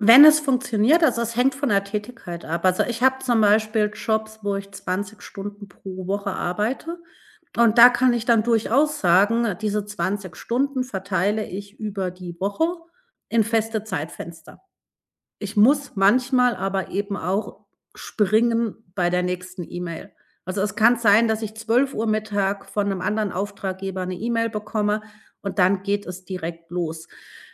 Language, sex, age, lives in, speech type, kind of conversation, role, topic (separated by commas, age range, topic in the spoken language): German, female, 40-44, Germany, conversation, podcast, guest, Wie hat die Arbeit im Homeoffice deine tägliche Routine verändert?